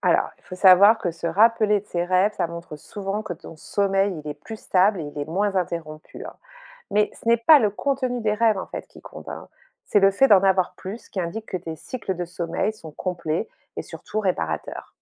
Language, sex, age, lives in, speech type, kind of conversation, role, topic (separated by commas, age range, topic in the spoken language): French, female, 45-49, France, read, expert-assistant, expert, Si on pouvait repenser la façon dont on dort, à quoi ressemblerait une nuit idéale pour être vraiment en forme ?